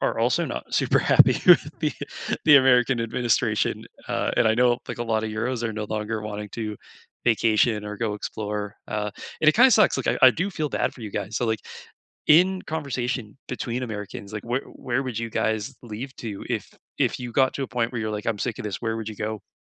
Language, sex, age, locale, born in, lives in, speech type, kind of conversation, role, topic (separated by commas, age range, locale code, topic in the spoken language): English, male, 25-29, en-CA, Canada, Canada, conversation, unstructured, none, What is one new thing you learned this week?